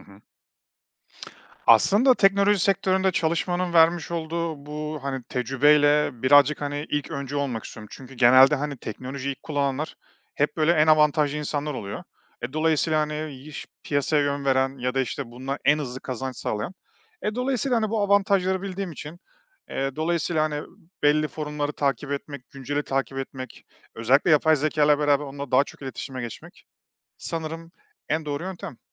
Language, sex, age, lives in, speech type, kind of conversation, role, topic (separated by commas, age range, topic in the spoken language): Turkish, male, 35-39, Estonia, conversation, podcast, guest, Teknolojik değişikliklere hızla uyum sağlamak için neler yapıyorsunuz?